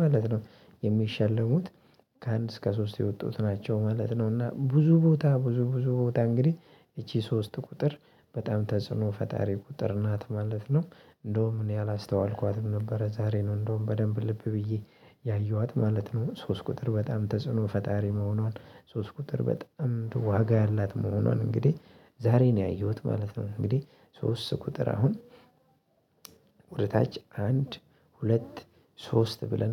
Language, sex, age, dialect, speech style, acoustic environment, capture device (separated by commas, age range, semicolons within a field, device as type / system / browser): Amharic, male, 25-34, Ethiopia - Addis Ababa, happy, people talking; nature, mobile / Linux / Chrome